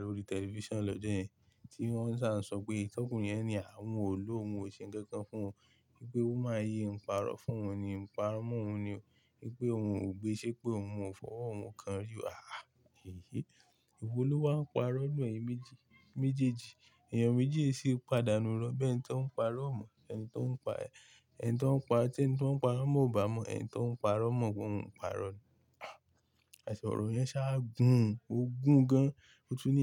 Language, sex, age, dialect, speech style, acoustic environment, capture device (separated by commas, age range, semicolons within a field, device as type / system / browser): Yoruba, male, 18-24, Nigeria - Ibadan, happy, silence; nature, mobile / Linux / Chrome